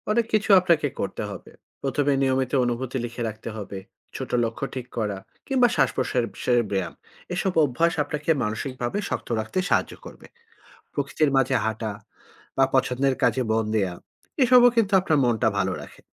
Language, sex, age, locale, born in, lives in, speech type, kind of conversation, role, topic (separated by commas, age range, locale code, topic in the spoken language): Bengali, male, 40-44, bn-BD, Bangladesh, Finland, read, expert-assistant, expert, অনেকে বলেন, মানসিক রোগী মানেই তারা বিপজ্জনক—এই প্রচলিত বিশ্বাসটি কি সত্যি নাকি মিথ?